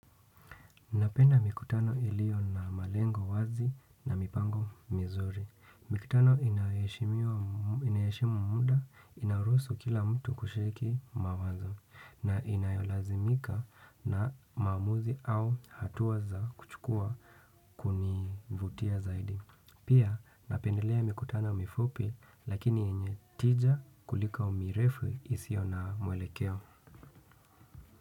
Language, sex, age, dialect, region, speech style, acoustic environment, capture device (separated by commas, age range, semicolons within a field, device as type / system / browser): Swahili, male, 25-34, Kenya - Nairobi Sheng-influenced, Kenya, relaxed, silence, desktop / Windows / Chrome